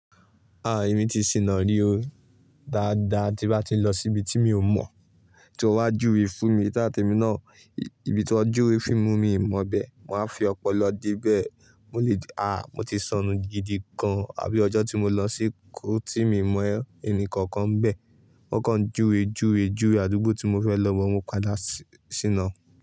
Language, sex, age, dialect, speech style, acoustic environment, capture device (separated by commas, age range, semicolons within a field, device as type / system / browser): Yoruba, male, 18-24, Nigeria - Ibadan, focused, silence, mobile / Linux / Chrome